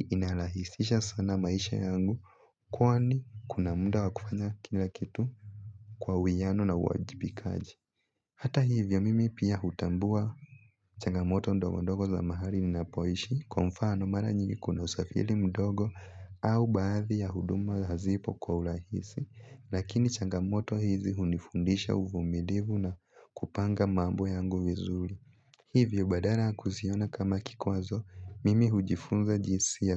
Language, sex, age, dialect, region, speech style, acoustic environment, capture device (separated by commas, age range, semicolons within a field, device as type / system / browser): Swahili, male, 18-24, Kenya - Nairobi Sheng-influenced, Kenya, focused, nature, mobile / Linux / Chrome